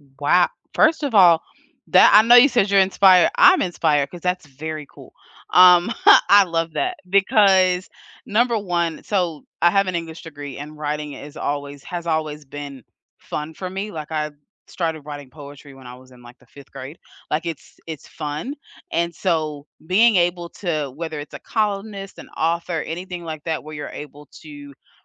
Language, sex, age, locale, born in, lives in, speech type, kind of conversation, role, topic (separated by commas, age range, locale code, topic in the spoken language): English, female, 30-34, en-US, United States, United States, conversation, unstructured, none, What career turning points most shaped you, and how did they change your path?